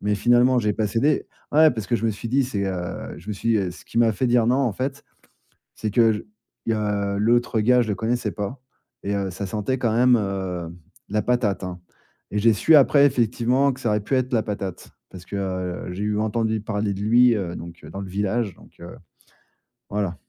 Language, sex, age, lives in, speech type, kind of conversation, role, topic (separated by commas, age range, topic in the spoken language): French, male, 40-44, France, conversation, advice, user, Comment décrire une situation où j’ai du mal à dire non pour respecter mes limites personnelles ?